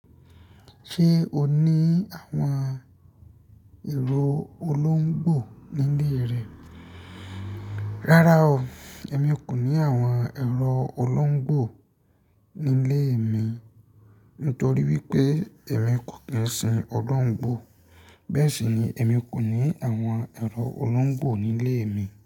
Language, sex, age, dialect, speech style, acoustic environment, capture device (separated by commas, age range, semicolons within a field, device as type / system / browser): Yoruba, male, 25-34, Nigeria - Ibadan, relaxed, traffic; nature, mobile / Linux / Chrome